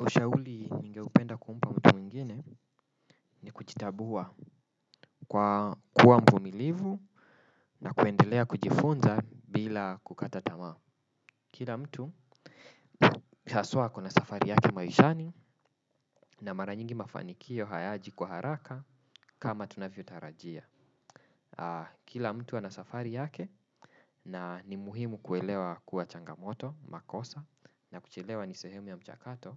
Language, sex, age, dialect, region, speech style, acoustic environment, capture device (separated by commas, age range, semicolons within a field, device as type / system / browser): Swahili, male, 25-34, Kenya - Nairobi Sheng-influenced, Kenya, focused, nature, desktop / Windows / Chrome